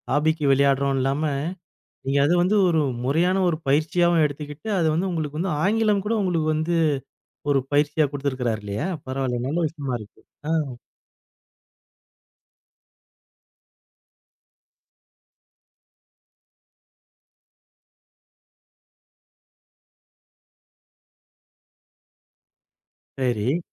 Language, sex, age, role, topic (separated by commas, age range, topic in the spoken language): Tamil, male, 40-44, host, இணையத்தின் மூலம் பொழுதுபோக்குகளை மீண்டும் தொடங்குவது எப்படி?